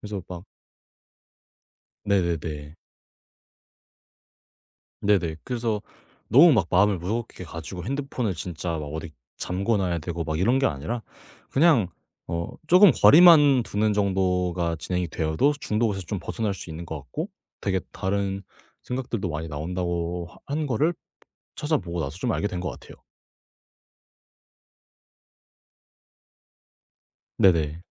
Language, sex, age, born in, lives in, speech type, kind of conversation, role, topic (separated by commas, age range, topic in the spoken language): Korean, male, 18-19, South Korea, Japan, conversation, podcast, guest, 디지털 디톡스를 해본 적이 있나요?